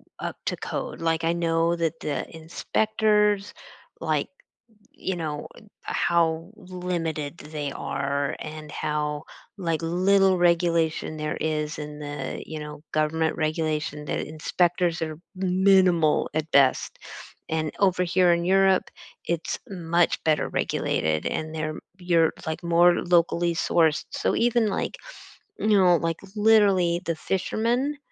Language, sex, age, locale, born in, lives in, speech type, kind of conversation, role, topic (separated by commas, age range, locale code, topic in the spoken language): English, female, 55-59, en-US, United States, United States, conversation, unstructured, none, Have you ever been scared by a food recall?